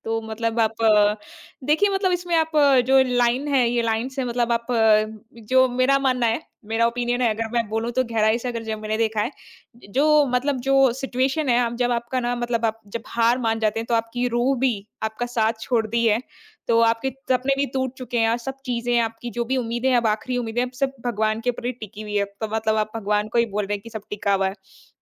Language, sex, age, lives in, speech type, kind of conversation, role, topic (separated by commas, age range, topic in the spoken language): Hindi, female, 25-29, India, conversation, podcast, guest, कौन सा गाना आपको सबसे ज़्यादा छू जाता है और क्यों?